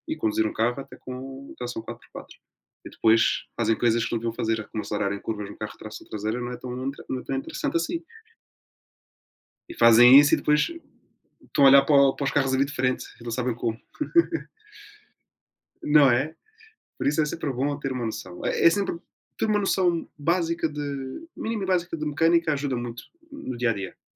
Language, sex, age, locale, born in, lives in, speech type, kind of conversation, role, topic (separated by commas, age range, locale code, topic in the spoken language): Portuguese, male, 20-24, pt-PT, Portugal, Portugal, conversation, unstructured, none, O que você acha de viajar de carro em vez de avião?